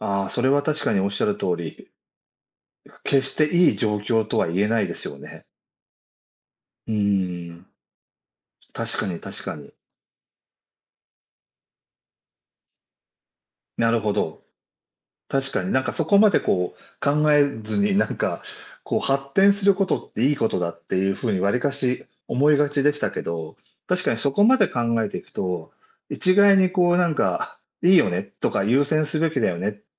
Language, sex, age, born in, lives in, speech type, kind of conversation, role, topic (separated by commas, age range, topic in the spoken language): Japanese, male, 60-64, Japan, Japan, conversation, unstructured, none, 科学技術が倫理を超えることは許されるのでしょうか？